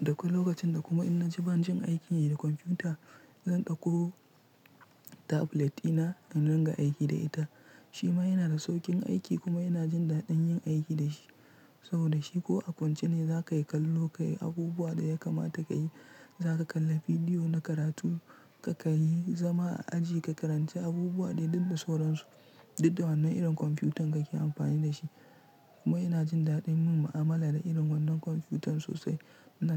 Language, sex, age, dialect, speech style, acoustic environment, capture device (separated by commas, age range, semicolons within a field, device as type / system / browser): Hausa, male, 25-34, Nigeria - Nigerian Standard, relaxed; tired; focused, silence; nature, mobile / Linux / Chrome